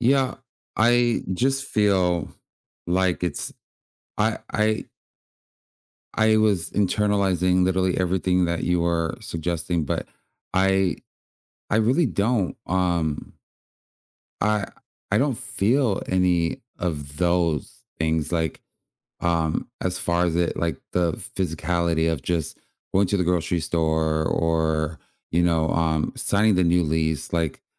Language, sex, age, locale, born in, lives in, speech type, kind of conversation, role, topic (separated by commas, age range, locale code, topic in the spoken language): English, male, 30-34, en-US, United States, United States, conversation, advice, user, How can I meet new people and feel less lonely after moving to a new city?